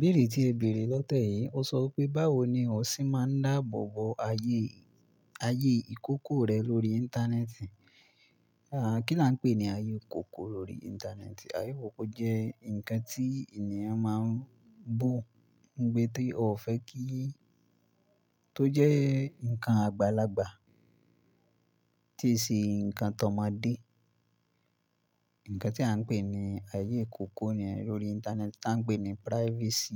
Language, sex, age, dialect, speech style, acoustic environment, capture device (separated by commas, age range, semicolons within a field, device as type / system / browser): Yoruba, male, 18-24, Nigeria - Ibadan, relaxed, nature, mobile / Linux / Chrome